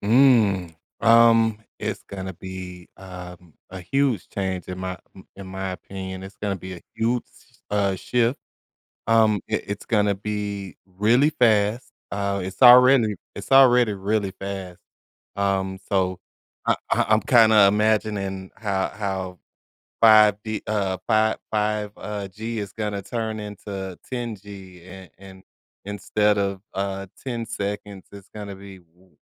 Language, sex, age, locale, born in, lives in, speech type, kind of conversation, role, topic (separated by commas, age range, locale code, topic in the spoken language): English, male, 40-44, en-US, United States, United States, conversation, podcast, guest, How might advances in technology shape our daily lives in the coming years?